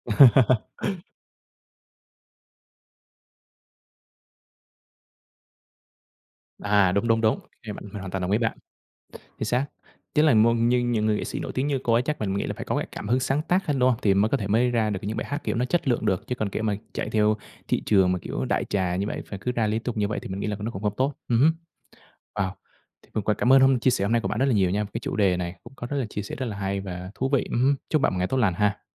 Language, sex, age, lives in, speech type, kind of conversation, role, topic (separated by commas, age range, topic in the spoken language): Vietnamese, male, 25-29, Vietnam, conversation, podcast, host, Nghệ sĩ nào có ảnh hưởng lớn nhất đến bạn?